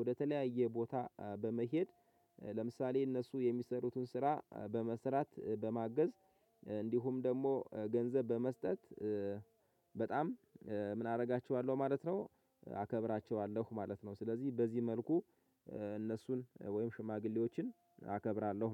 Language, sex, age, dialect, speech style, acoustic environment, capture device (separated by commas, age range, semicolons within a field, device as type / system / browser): Amharic, male, 25-34, Ethiopia - Gojjam, happy, silence, mobile / Linux / Chrome